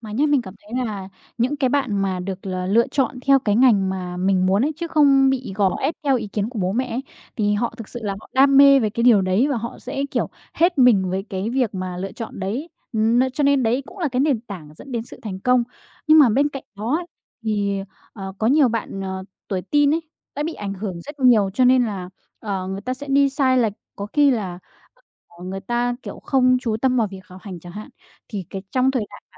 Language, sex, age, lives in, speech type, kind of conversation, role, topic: Vietnamese, female, 20-24, Vietnam, conversation, podcast, host, Bạn sẽ khuyên bản thân tuổi teen điều gì, thật lòng?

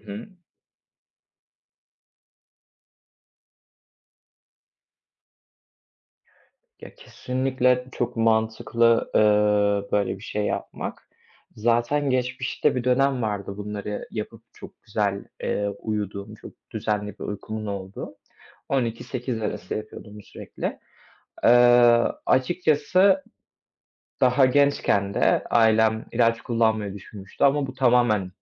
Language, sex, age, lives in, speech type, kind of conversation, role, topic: Turkish, male, 20-24, Poland, conversation, advice, user, Zamanımı önceliklendirmekte neden zorlanıyorum?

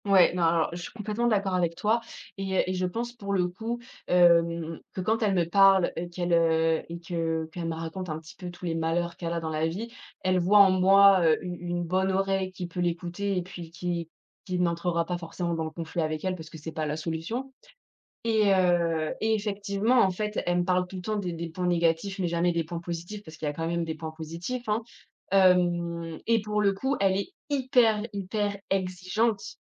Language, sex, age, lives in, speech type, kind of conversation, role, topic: French, female, 25-29, France, conversation, podcast, guest, Comment ta famille parle-t-elle du mariage et des relations ?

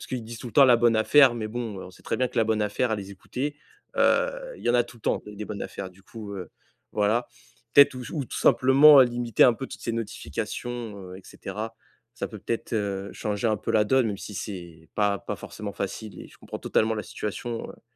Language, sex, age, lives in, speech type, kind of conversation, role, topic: French, male, 20-24, France, conversation, advice, advisor, Comment puis-je réduire facilement mes achats impulsifs et inutiles ?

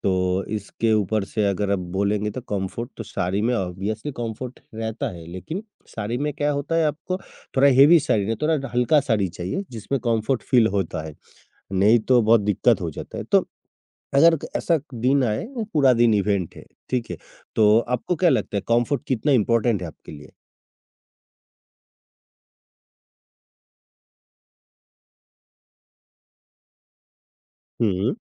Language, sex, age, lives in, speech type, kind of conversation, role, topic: Hindi, male, 55-59, India, conversation, podcast, host, Festival ya shaadi mein apna unique look kaise plan karte ho?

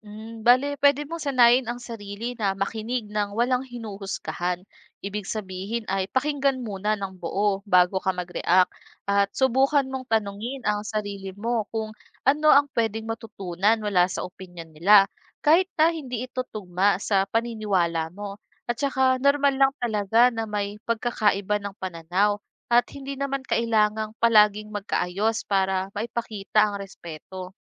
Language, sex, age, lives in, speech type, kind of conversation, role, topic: Filipino, female, 25-29, Philippines, read, expert-assistant, expert, Bakit mahalaga ang paggalang sa opinyon ng iba sa isang talakayan?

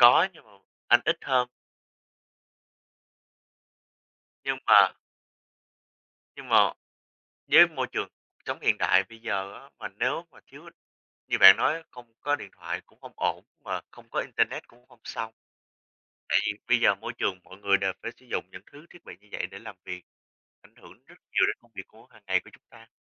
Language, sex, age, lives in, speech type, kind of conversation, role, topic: Vietnamese, male, 20-24, United States, conversation, unstructured, none, Bạn muốn sống mà không có điện thoại thông minh hay không có internet hơn?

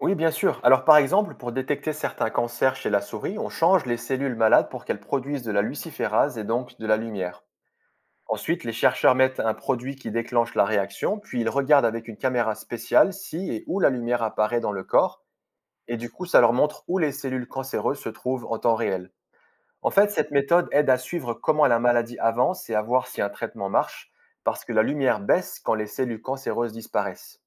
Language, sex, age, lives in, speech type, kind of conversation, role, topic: French, male, 35-39, France, read, expert-assistant, expert, Comment les lucioles produisent-elles de la lumière ?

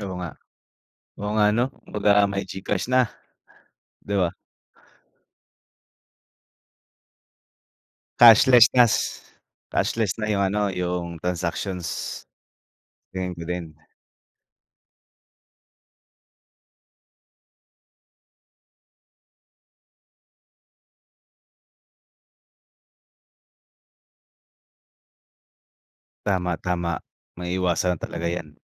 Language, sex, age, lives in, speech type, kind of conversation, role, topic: Filipino, male, 25-29, Philippines, conversation, unstructured, none, Paano mo nakikita ang magiging hinaharap ng teknolohiya sa Pilipinas?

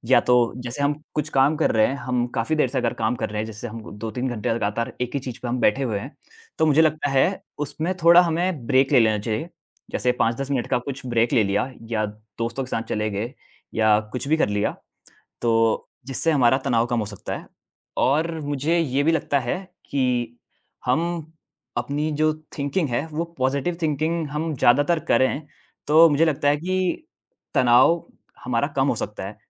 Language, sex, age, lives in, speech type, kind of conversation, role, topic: Hindi, male, 20-24, India, conversation, unstructured, none, आप तनाव को कम करने के लिए क्या-क्या करते हैं?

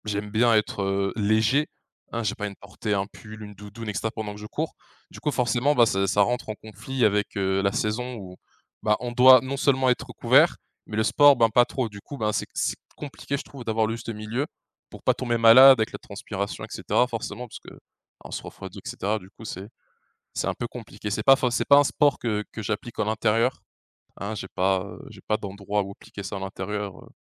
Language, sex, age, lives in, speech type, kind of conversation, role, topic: French, male, 20-24, France, conversation, podcast, guest, Comment décrirais-tu ton style personnel ?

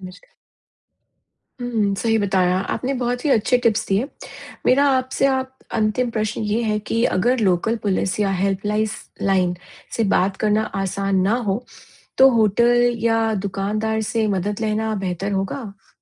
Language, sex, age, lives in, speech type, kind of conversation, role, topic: Hindi, female, 45-49, United States, read, expert-assistant, asker, अगर आपकी यात्रा की सारी योजना अचानक बदल जाए (जैसे फ्लाइट कैंसिल हो जाए या मौसम खराब हो), तो इन चुनौतियों से निपटने के व्यावहारिक तरीके क्या हैं और कौन से स्टेप्स तुरंत लेने चाहिए?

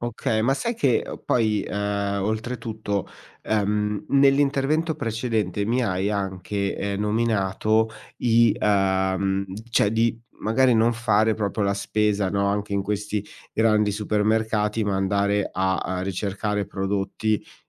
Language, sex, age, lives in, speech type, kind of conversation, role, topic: Italian, male, 40-44, Italy, conversation, advice, user, Qual è un cambiamento importante nella tua salute che sta alterando la tua vita quotidiana?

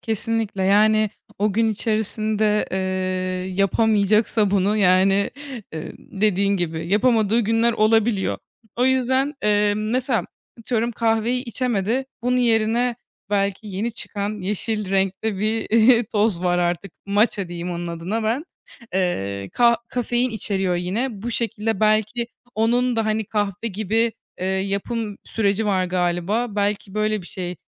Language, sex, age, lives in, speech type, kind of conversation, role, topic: Turkish, female, 20-24, Germany, conversation, podcast, host, Akşamları rahatlamak için yaptığın basit alışkanlıklar nelerdir?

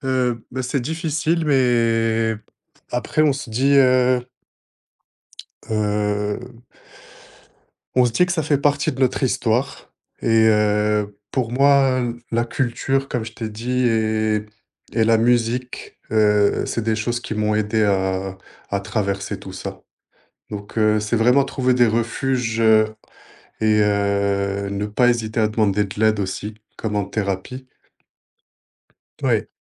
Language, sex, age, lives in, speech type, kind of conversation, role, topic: French, male, 25-29, France, conversation, podcast, guest, Comment savoir quand il est préférable de lâcher prise plutôt que de forcer la réparation ?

